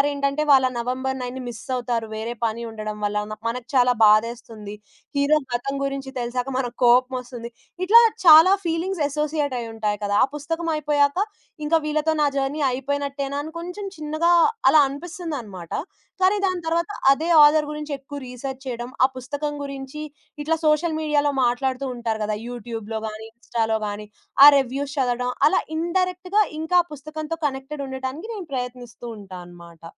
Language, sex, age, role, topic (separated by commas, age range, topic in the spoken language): Telugu, female, 20-24, guest, మీరు ఏదైనా హాబీ చేస్తూ సమయం ఎలా గడిచిపోయిందో కూడా తెలియకుండా మునిగిపోయిన సందర్భం మీకు ఎప్పుడైనా ఉందా?